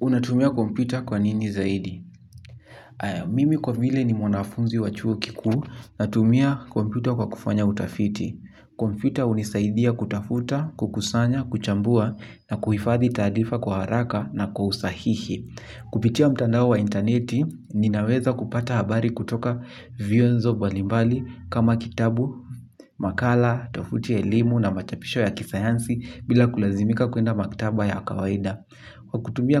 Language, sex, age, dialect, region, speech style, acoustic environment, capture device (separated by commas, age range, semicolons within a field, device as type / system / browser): Swahili, male, 18-24, Kenya - Kiambu, Kenya, happy, silence, mobile / Linux / Chrome